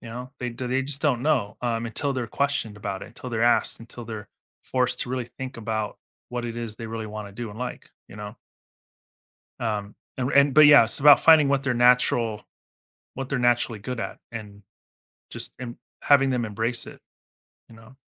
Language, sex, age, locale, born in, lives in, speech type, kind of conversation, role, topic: English, male, 40-44, en-US, United States, United States, conversation, podcast, guest, What lessons from your past would you want others to learn from your experiences?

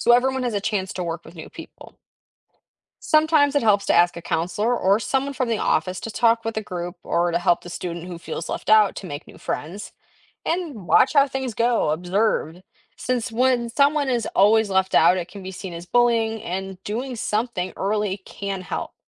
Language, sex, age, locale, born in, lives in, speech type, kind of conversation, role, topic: English, female, 18-19, en-US, United States, United States, read, expert-assistant, expert, What are the main causes of bullying in schools?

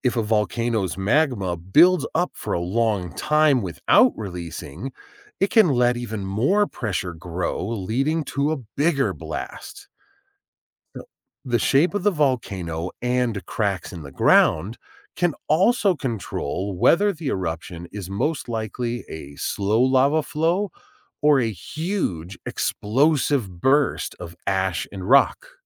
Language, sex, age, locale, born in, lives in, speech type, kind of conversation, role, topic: English, male, 40-44, en-US, United States, United States, read, expert-assistant, expert, How do volcanoes erupt and what makes some eruptions more dangerous than others?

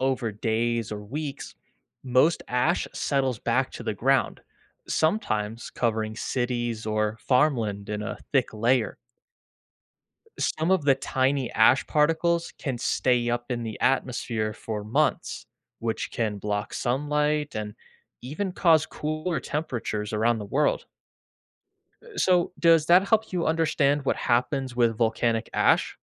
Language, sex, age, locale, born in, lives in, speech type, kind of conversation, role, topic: English, male, 25-29, en-US, United States, United States, read, expert-assistant, expert, What are volcanoes, how do they erupt, and how do people deal with their effects?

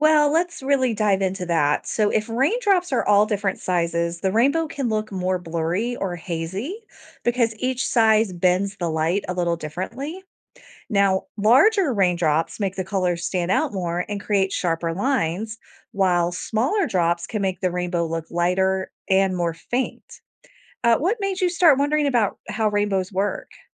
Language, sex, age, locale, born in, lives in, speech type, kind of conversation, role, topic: English, female, 45-49, en-US, United States, United States, read, expert-assistant, expert, How do rainbows form after rain?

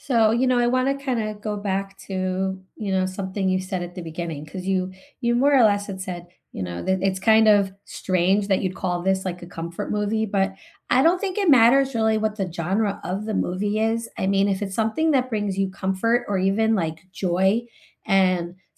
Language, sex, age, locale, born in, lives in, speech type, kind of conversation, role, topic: English, female, 45-49, en-US, United States, United States, conversation, unstructured, none, Which comfort movie do you always rewatch, and what memories, feelings, or rituals make it special to you?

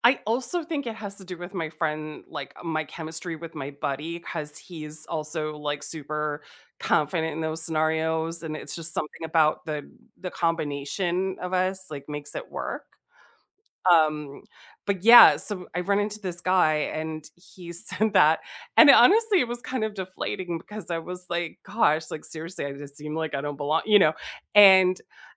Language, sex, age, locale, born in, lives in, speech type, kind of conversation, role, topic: English, female, 45-49, en-US, United States, United States, conversation, unstructured, none, How do you recover from a small social mishap in a way that keeps things comfortable?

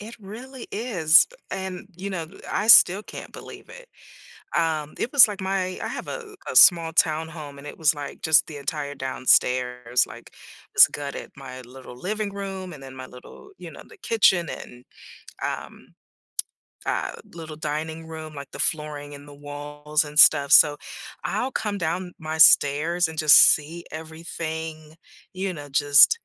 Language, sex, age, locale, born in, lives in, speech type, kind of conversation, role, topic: English, female, 50-54, en-US, United States, United States, conversation, advice, user, How can I politely respond to an unexpected gift, especially if I don't want to keep it?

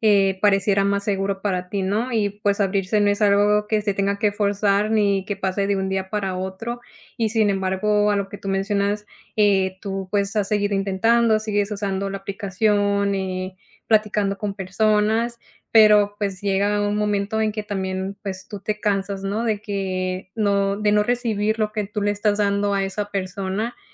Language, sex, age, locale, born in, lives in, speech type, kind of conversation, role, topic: Spanish, female, 30-34, es-MX, Mexico, United States, conversation, advice, advisor, ¿Cómo puedo volver a abrirme emocionalmente después de una ruptura y acercarme a nuevas relaciones?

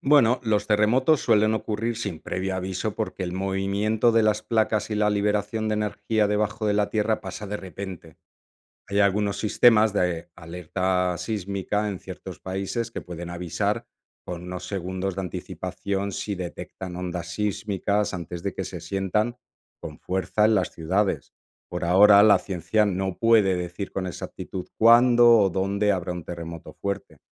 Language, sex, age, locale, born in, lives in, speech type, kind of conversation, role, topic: Spanish, male, 45-49, es-ES, Spain, Italy, read, expert-assistant, expert, ¿Qué causa los terremotos?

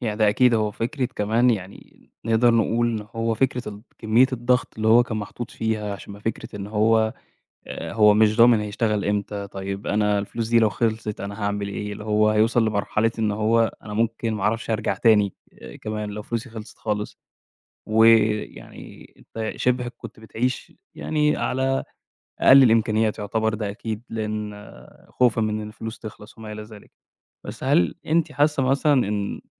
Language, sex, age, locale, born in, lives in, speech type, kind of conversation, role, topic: Arabic, male, 25-29, ar-EG, Egypt, Egypt, conversation, podcast, host, لو قدامك طريق مضمون وطريق فيه مغامرة، هتختار أنهي واحد؟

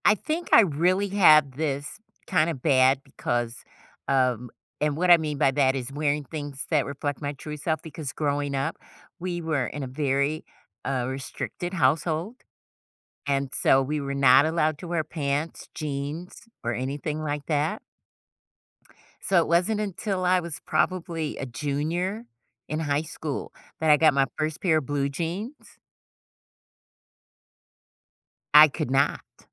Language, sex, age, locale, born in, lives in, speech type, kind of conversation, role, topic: English, female, 55-59, en-US, United States, United States, conversation, podcast, guest, How does wearing something that reflects your true self make you feel?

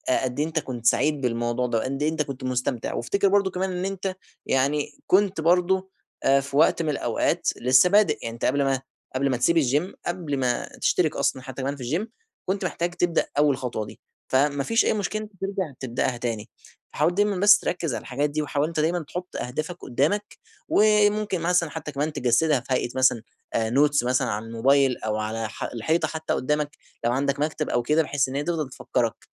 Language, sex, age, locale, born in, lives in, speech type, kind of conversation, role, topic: Arabic, male, 20-24, ar-EG, Egypt, Egypt, conversation, advice, advisor, إزاي أبدأ أتمرّن وأنا قلقان من أول خطوة؟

